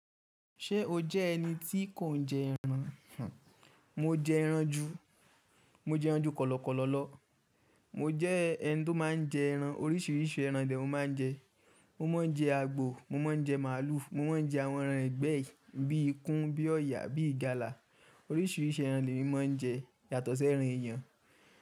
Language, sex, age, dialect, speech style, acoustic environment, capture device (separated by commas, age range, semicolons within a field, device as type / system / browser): Yoruba, male, 25-34, Nigeria - Ibadan, focused, nature; people talking, mobile / Linux / Chrome